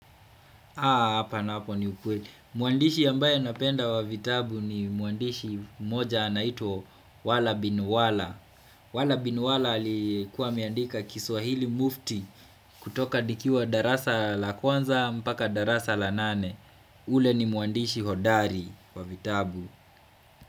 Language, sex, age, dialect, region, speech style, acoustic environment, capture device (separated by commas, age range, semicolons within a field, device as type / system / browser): Swahili, male, 25-34, Kenya - Kiambu, Kenya, happy, silence, desktop / Windows / Chrome